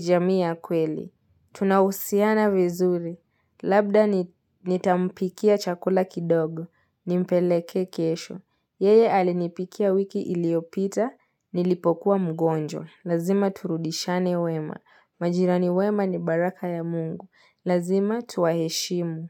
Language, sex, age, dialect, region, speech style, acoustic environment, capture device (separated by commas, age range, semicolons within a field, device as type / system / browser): Swahili, female, 18-24, Kenya - Mombasa, Kenya, relaxed, silence, mobile / Linux / Edge